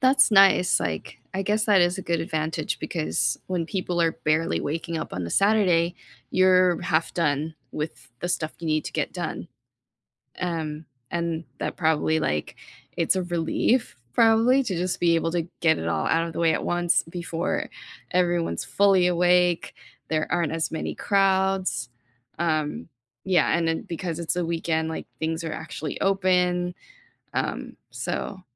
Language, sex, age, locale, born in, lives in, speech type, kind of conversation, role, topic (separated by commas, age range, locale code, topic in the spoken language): English, female, 40-44, en-US, United States, United States, conversation, unstructured, none, How do you recharge—solo time or social time?